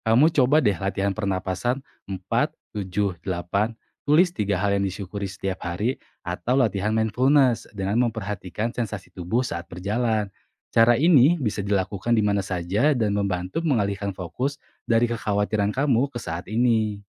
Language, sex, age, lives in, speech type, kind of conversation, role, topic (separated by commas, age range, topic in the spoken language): Indonesian, male, 25-29, Indonesia, read, expert-assistant, expert, Bagaimana cara menyeimbangkan antara nilai-nilai agama dan tuntutan kehidupan modern dalam mengambil keputusan penting?